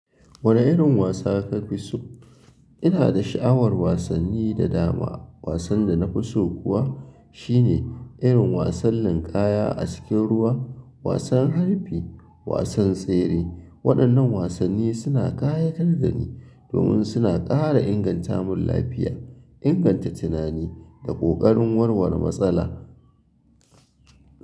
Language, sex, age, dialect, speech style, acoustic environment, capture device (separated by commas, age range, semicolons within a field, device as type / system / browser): Hausa, male, 25-34, Nigeria - Kano, happy, people talking; silence, mobile / Linux / Chrome